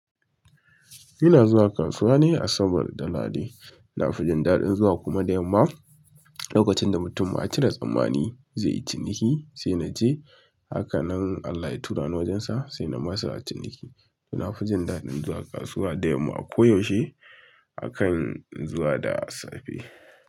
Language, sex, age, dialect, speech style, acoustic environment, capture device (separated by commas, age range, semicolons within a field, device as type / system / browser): Hausa, male, 25-34, Nigeria - Kano, relaxed; focused, silence, mobile / Linux / Chrome